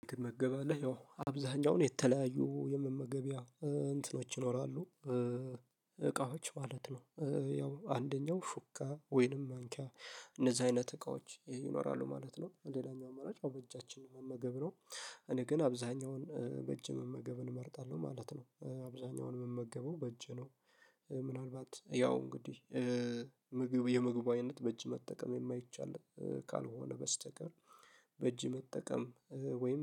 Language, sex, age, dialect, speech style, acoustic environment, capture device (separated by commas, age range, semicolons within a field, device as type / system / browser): Amharic, male, 18-24, Ethiopia - Addis Ababa, focused; happy, nature; silence, mobile / Linux / Chrome